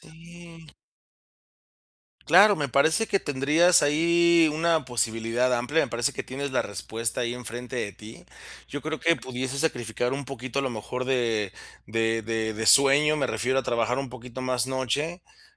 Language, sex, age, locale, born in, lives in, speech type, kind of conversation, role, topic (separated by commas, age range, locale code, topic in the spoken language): Spanish, male, 30-34, es-MX, Mexico, Mexico, conversation, advice, advisor, ¿Cómo puedo equilibrar mis pasiones con mi vida diaria?